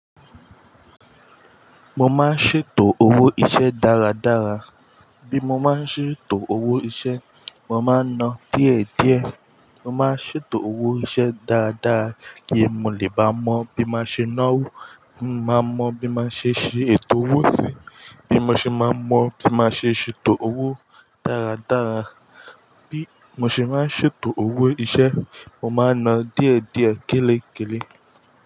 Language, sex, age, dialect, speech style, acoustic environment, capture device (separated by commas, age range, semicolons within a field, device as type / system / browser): Yoruba, male, 18-24, Nigeria - Ijebu, happy, silence, mobile / Linux / Chrome